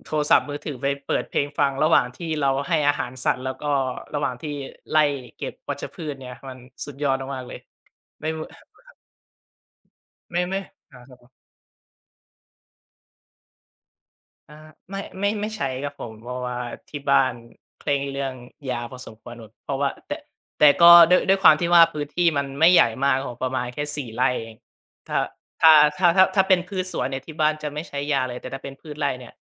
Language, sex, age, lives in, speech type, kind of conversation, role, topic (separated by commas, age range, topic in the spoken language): Thai, male, 20-24, Thailand, conversation, podcast, guest, คุณหาแรงบันดาลใจจากอะไรบ้าง?